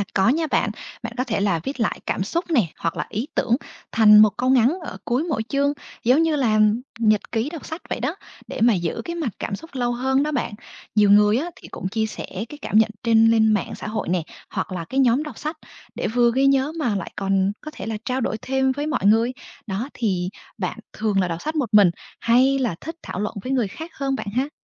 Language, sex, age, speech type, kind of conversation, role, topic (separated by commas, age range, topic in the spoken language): Vietnamese, female, 25-29, read, expert-assistant, expert, Khi đọc một cuốn sách, làm thế nào để khám phá những điều ẩn sau câu chuyện ngoài nội dung chính?